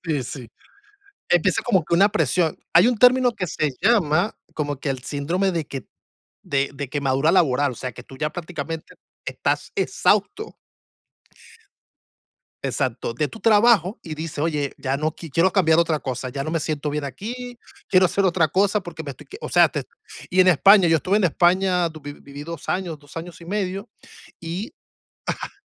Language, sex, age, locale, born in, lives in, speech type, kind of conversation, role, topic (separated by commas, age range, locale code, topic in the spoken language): Spanish, male, 35-39, es-VE, Venezuela, United States, conversation, unstructured, none, ¿Recuerdas un día de trabajo que te haya hecho muy feliz?